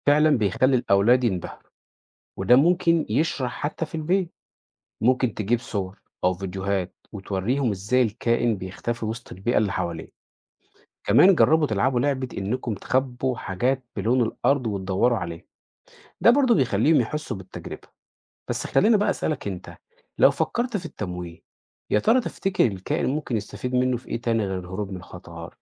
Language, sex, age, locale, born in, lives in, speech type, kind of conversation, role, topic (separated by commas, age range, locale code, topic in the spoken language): Arabic, male, 30-34, ar-EG, Egypt, Portugal, read, expert-assistant, expert, إزاي أخلي ولادي يستفيدوا ويتبسطوا في يوم على البحر؟